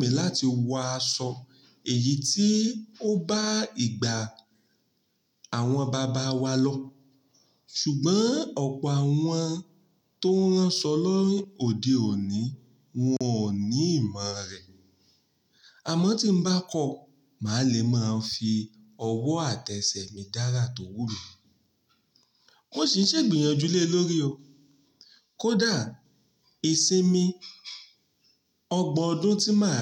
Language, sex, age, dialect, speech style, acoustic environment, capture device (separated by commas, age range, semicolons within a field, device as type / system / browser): Yoruba, male, 35-44, Nigeria - Ibadan, happy, nature, mobile / Linux / Chrome